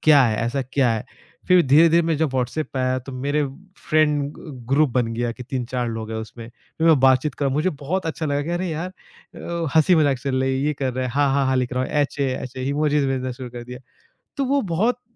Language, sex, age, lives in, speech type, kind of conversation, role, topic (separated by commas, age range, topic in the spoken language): Hindi, male, 25-29, India, conversation, podcast, guest, Text messages mein misunderstandings zyada kyun hoti hain?